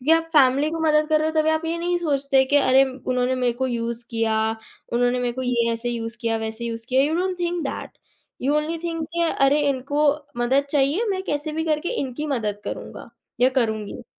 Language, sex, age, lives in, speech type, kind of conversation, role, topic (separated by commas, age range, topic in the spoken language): Hindi, female, 18-19, India, conversation, podcast, guest, Tum apna sachcha dost-mandal kaise banate ho?